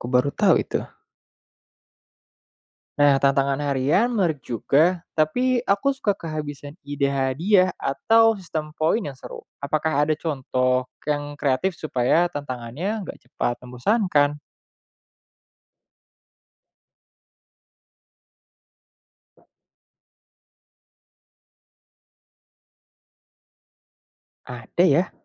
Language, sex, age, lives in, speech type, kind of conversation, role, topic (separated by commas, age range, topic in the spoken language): Indonesian, male, 25-29, Indonesia, read, expert-assistant, asker, Apa saja langkah kreatif yang bisa dilakukan untuk mengubah kebiasaan menunda pekerjaan menjadi lebih produktif?